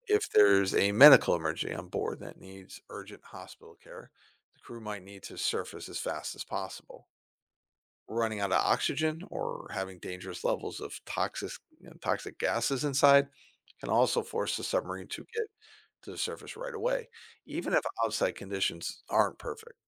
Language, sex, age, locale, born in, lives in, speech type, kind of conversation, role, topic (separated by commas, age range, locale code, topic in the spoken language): English, male, 50-54, en-US, United States, United States, read, expert-assistant, expert, How do submarines dive and resurface?